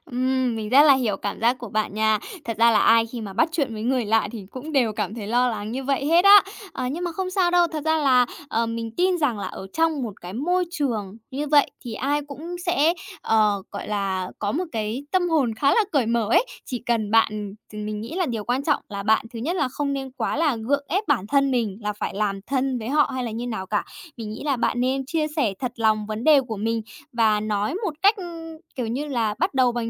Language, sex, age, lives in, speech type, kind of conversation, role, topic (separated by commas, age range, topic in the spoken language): Vietnamese, female, 30-34, Japan, conversation, advice, advisor, Làm sao vượt qua nỗi sợ bị từ chối khi chủ động kết nối với người quen mới?